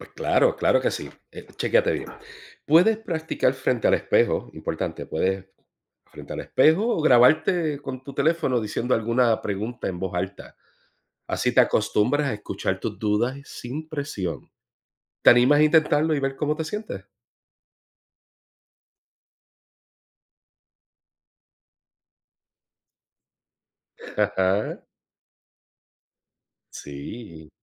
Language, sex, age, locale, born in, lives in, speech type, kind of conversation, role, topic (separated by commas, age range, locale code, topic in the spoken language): Spanish, male, 45-49, es-US, Puerto Rico, United States, read, expert-assistant, expert, ¿Cómo puedo ganar confianza para participar y hacer preguntas en capacitaciones sobre tecnología agrícola?